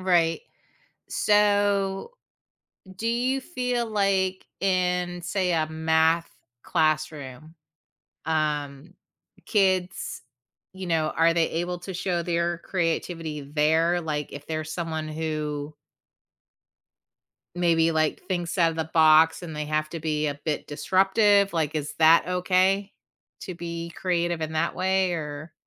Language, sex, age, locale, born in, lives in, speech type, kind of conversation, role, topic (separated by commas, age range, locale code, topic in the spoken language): English, female, 45-49, en-US, United States, United States, conversation, podcast, host, How does encouraging creativity shape students’ learning and growth?